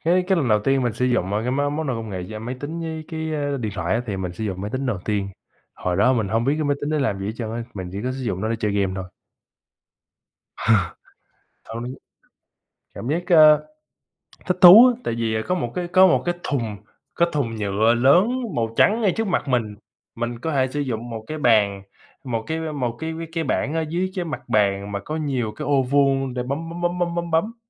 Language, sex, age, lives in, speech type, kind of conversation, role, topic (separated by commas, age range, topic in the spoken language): Vietnamese, male, 25-29, United States, conversation, unstructured, none, Thiết bị công nghệ nào khiến bạn cảm thấy vui nhất?